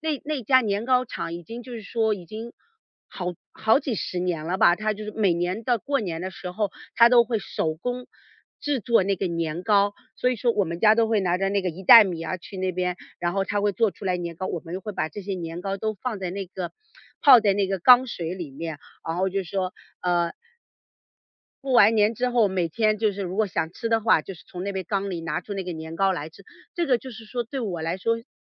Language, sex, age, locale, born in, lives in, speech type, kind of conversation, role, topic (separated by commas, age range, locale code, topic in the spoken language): Chinese, female, 40-44, zh-CN, China, United States, conversation, podcast, guest, 过节时你们家一定会做什么？